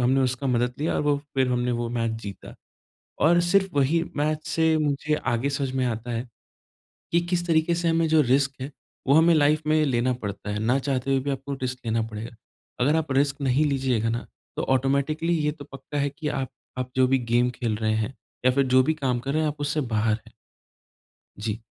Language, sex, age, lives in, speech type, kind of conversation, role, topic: Hindi, male, 25-29, India, conversation, podcast, guest, Risk lene se pehle tum aam taur par kaise sochte ho?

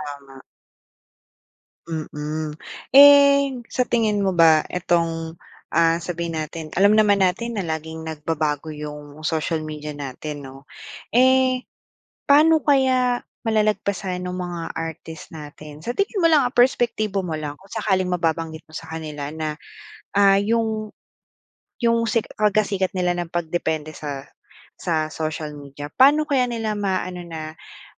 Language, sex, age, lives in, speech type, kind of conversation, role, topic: Filipino, female, 25-29, Philippines, conversation, podcast, host, Paano mo mailalarawan ang epekto ng midyang panlipunan sa musika?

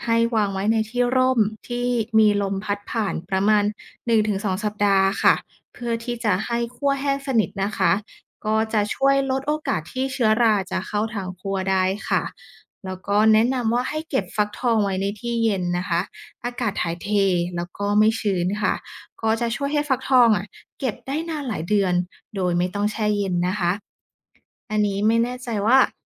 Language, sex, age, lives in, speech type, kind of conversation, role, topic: Thai, female, 30-34, Thailand, read, expert-assistant, expert, การปลูกฟักทองในสวนหลังบ้าน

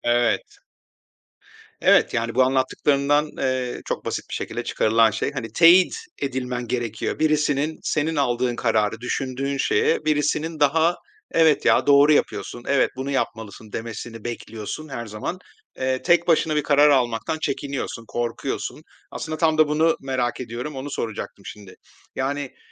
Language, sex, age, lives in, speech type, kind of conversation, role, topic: Turkish, male, 45-49, Spain, conversation, advice, advisor, Başkalarının onayına bağımlılık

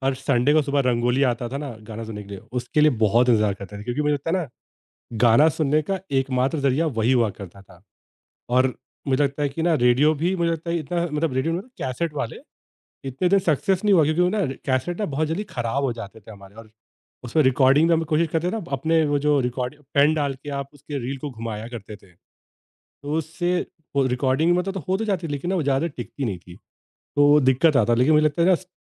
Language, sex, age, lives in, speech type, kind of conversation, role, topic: Hindi, male, 30-34, India, conversation, podcast, guest, Radio ya cassette ke zamane se lekar streaming ke daur tak tumhara music taste kaise badla?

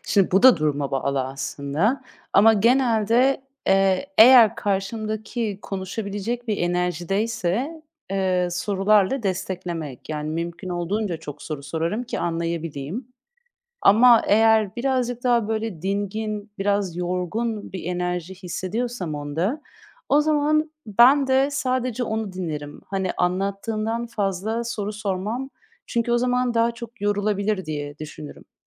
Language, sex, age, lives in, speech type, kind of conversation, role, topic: Turkish, female, 30-34, Netherlands, conversation, podcast, guest, Güveni sözlerle nasıl inşa edersin?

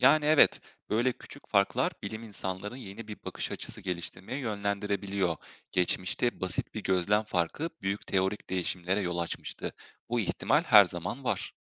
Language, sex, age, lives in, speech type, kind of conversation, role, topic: Turkish, male, 25-29, Germany, read, expert-assistant, expert, Evrenin genişlediğini söyleyen bilgilere nasıl güveniyoruz? Hangi kaynaklara dayanıyorlar?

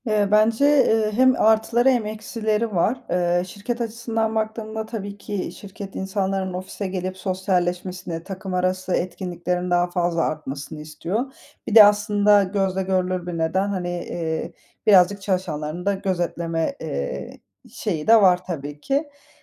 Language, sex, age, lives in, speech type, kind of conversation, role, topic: Turkish, female, 30-34, Spain, conversation, podcast, guest, Sence uzaktan çalışma daha kalıcı hâle gelir mi?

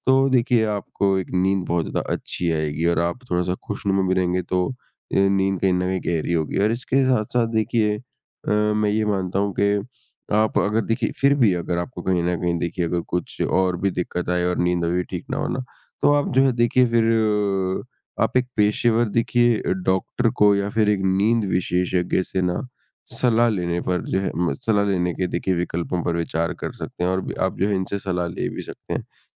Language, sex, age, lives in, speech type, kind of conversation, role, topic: Hindi, male, 55-59, India, conversation, advice, advisor, जब आपकी नींद का समय अनियमित रहता है, तो आपको थकान क्यों महसूस होती है?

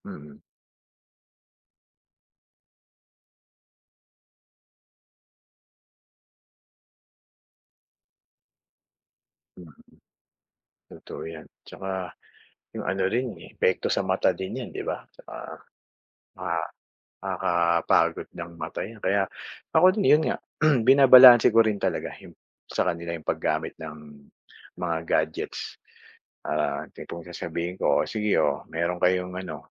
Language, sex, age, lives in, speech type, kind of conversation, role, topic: Filipino, male, 45-49, Philippines, conversation, unstructured, none, Bakit parang hindi na makawala ang mga tao sa paggamit ng selpon?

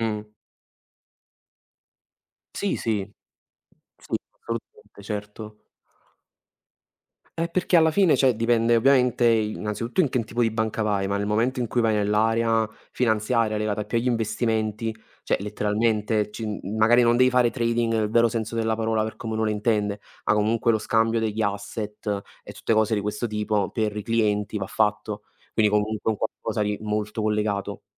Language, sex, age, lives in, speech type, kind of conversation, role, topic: Italian, male, 20-24, Italy, conversation, unstructured, none, Qual è un sogno che vorresti realizzare un giorno?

